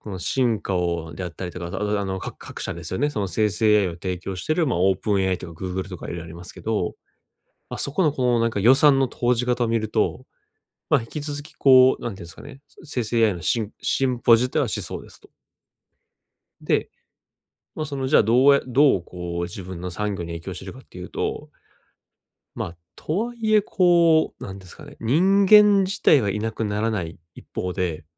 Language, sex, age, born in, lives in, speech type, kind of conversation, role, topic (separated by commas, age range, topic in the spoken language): Japanese, male, 30-34, Japan, Japan, conversation, advice, user, 将来の選択肢を整理して分かりやすくする方法